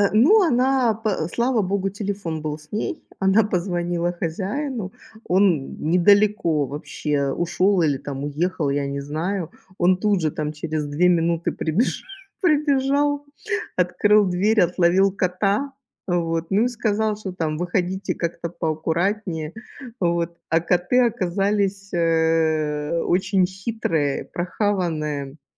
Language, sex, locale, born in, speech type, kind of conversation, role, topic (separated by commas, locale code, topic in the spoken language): Russian, female, ru-RU, Ukraine, conversation, unstructured, none, Что вы думаете о выборе между кошкой и собакой?